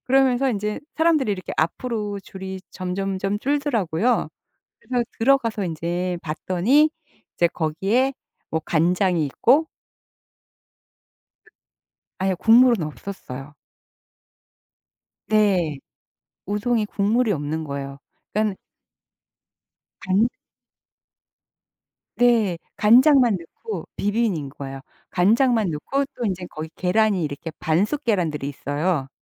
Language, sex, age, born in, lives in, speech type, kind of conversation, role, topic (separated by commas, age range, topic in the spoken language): Korean, female, 55-59, South Korea, United States, conversation, podcast, guest, 길을 잃다가 뜻밖의 장소를 발견한 적이 있나요?